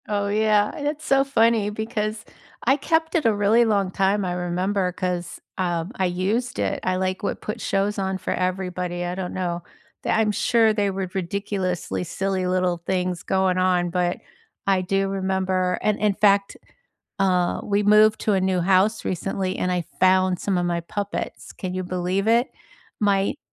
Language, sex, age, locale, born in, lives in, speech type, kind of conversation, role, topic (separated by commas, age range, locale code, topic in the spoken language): English, female, 65-69, en-US, United States, United States, conversation, unstructured, none, What was the first movie that made you fall in love with going to the theater, and what made that experience unforgettable?